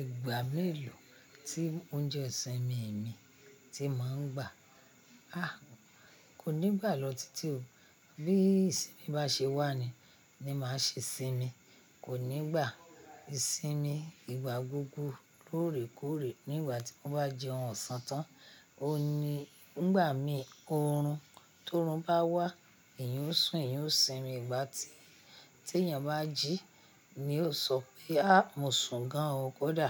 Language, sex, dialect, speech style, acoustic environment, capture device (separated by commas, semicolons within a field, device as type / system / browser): Yoruba, female, Nigeria - Ibadan, happy; excited, people talking; traffic; nature, mobile / Linux / Chrome